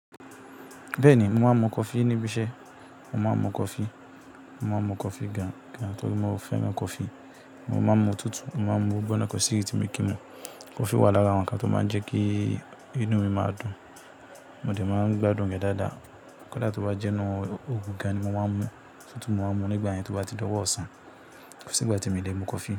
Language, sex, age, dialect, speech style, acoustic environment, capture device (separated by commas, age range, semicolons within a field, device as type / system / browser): Yoruba, male, 25-34, Nigeria - Kano, nervous, appliances, mobile / Linux / Chrome